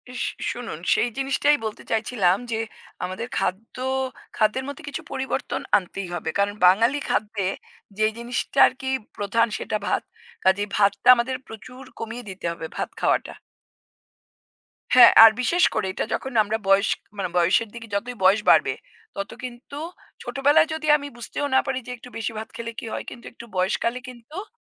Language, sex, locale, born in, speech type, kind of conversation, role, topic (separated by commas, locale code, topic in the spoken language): Bengali, female, bn-IN, India, conversation, unstructured, none, আপনি আপনার প্রিয় খাবারটি ভিন্নভাবে কীভাবে রান্না করতে চান?